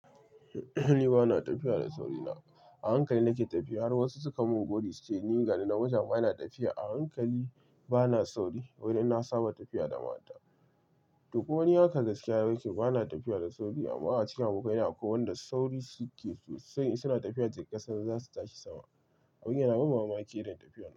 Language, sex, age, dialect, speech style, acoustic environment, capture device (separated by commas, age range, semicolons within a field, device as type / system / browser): Hausa, male, 25-34, Nigeria - Kano, relaxed; excited; focused, silence, mobile / Linux / Chrome